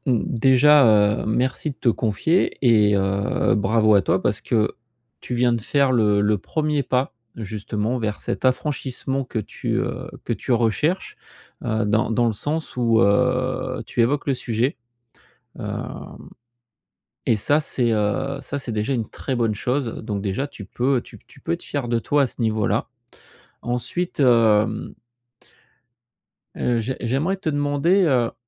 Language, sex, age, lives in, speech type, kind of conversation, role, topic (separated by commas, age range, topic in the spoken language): French, male, 45-49, France, conversation, advice, advisor, Comment puis-je me libérer du besoin d’approbation des autres ?